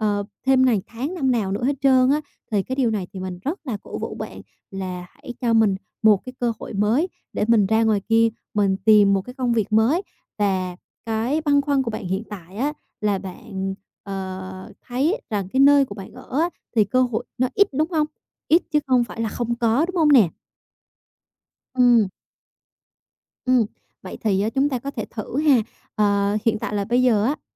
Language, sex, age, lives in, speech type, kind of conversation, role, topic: Vietnamese, female, 25-29, Vietnam, conversation, advice, advisor, Tôi nên rời công ty này hay ở lại để tiếp tục phát triển?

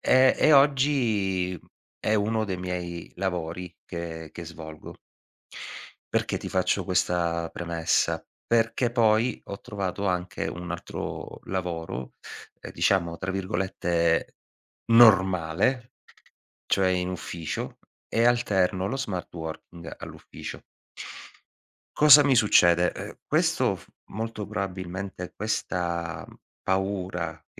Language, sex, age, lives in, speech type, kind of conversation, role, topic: Italian, male, 40-44, Italy, conversation, podcast, guest, Come affronti il senso di colpa quando non lavori?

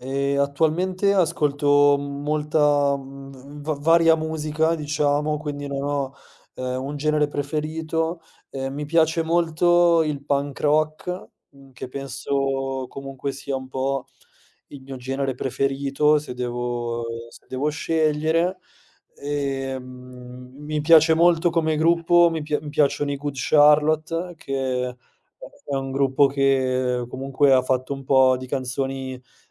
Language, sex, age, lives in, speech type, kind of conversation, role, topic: Italian, male, 30-34, Italy, conversation, podcast, guest, Qual è la canzone che ti tocca sempre il cuore?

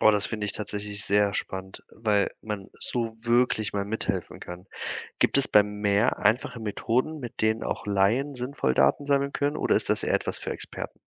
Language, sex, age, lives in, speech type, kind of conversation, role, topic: German, male, 25-29, Germany, read, expert-assistant, asker, Welche überraschenden Ideen gibt es, um das Leben in unseren Meeren zu erhalten?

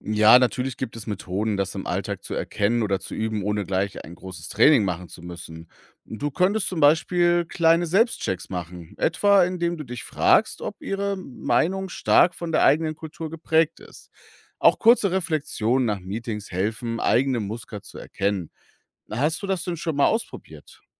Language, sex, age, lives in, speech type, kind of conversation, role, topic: German, male, 35-39, Germany, read, expert-assistant, expert, Stimmt es, dass Forscher manchmal helfen, wenn verschiedene Kulturen zusammenarbeiten sollen?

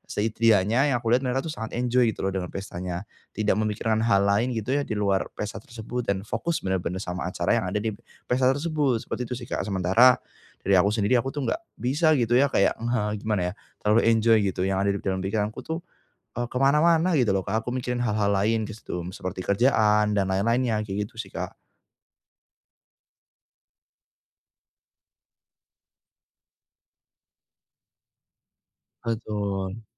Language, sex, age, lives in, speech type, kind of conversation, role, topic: Indonesian, male, 25-29, Indonesia, conversation, advice, user, Kenapa saya sulit menikmati pesta atau perayaan bersama teman?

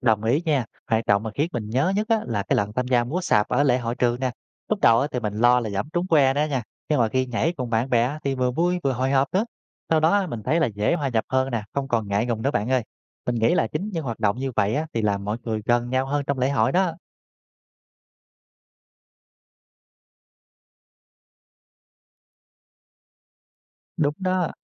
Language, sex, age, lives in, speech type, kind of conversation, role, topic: Vietnamese, male, 30-34, Vietnam, read, expert-assistant, asker, Vì sao con người lại trở nên biết đi bằng hai chân trong tiến trình tiến hóa, và điều này ảnh hưởng như thế nào đến văn hóa, xã hội loài người?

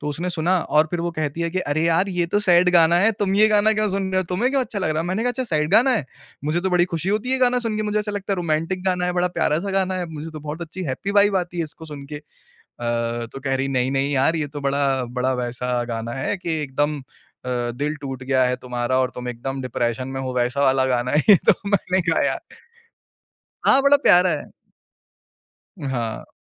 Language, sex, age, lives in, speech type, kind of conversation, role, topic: Hindi, male, 25-29, India, conversation, podcast, guest, Tum naya music kaise dhoondhte ho?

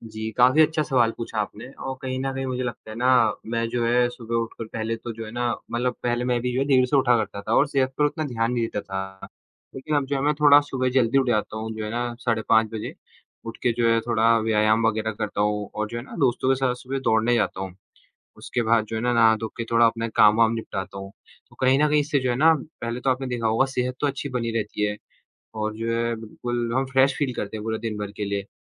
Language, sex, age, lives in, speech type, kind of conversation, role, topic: Hindi, male, 18-19, India, conversation, unstructured, none, क्या आजकल लोग अपनी सेहत को लेकर पहले से ज्यादा लापरवाह हो गए हैं?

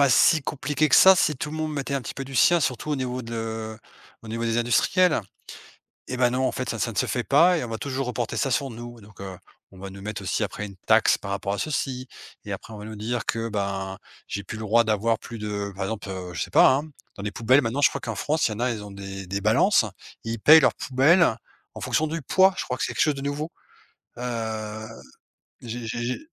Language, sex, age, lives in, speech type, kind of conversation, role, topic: French, male, 45-49, Portugal, conversation, unstructured, none, Comment réagis-tu lorsque tu vois des déchets plastiques dans la nature ?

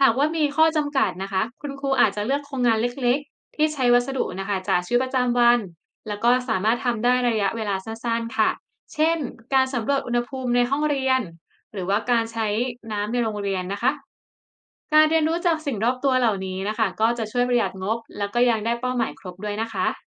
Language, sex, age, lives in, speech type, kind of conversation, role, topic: Thai, female, 25-29, Thailand, read, expert-assistant, expert, การเรียนแบบเน้นโครงงานกับการเรียนแบบเนื้อหา แบบไหนเหมาะกับโลกยุคใหม่มากกว่ากัน?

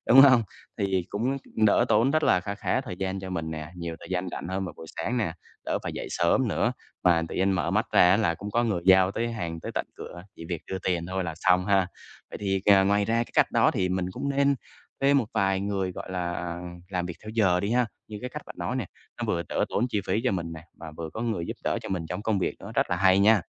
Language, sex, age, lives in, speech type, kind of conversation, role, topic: Vietnamese, male, 25-29, Vietnam, conversation, advice, user, Làm sao tôi có thể quản lý thời gian và ưu tiên công việc startup một cách hiệu quả?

